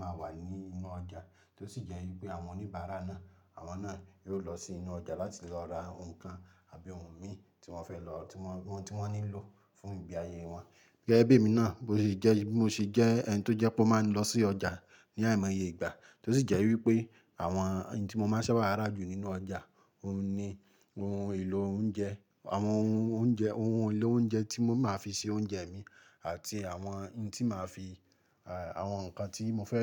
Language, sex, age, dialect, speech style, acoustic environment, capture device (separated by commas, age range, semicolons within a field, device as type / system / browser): Yoruba, male, 25-34, Nigeria - Ibadan, relaxed, nature, mobile / Linux / Chrome